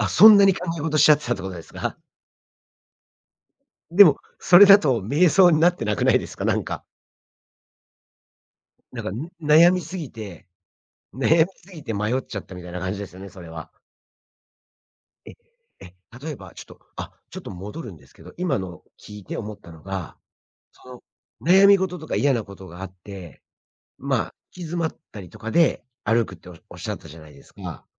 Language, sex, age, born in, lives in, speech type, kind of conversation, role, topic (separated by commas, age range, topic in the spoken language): Japanese, male, 45-49, Japan, United States, conversation, podcast, host, 都会の公園で瞑想するにはどうすればいいですか？